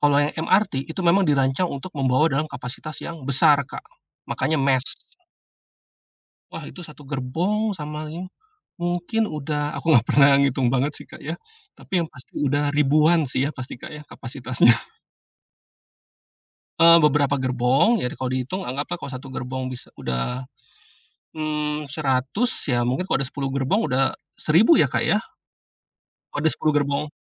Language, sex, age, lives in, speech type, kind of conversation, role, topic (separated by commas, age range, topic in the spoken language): Indonesian, male, 45-49, Indonesia, conversation, podcast, guest, Kalau soal transportasi, teknologi apa yang bakal mengubah rutinitas kita?